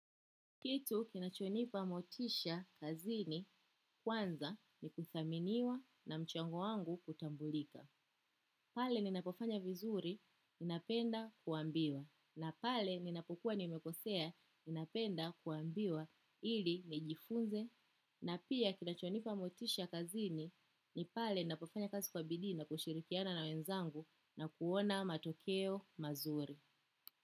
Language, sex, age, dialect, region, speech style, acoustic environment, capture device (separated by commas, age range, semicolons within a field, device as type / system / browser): Swahili, female, 25-34, Kenya - Nairobi Sheng-influenced, Kenya, happy; relaxed; excited; focused, silence, desktop / macOS / Chrome